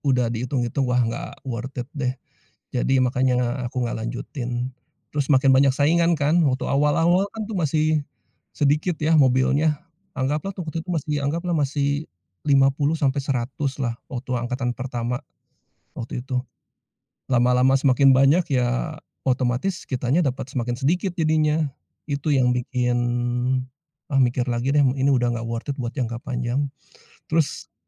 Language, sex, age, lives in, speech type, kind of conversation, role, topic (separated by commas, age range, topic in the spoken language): Indonesian, male, 45-49, Indonesia, conversation, podcast, guest, Bagaimana layanan ojek daring seperti Gojek atau Grab mengubah hidup Anda?